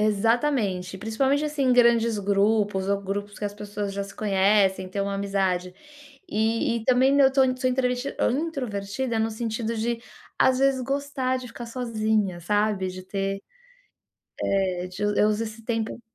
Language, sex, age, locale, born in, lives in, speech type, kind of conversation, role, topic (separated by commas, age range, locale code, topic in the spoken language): Portuguese, female, 40-44, pt-BR, Brazil, United States, conversation, advice, user, Como posso equilibrar socializar e estar sozinho de forma saudável?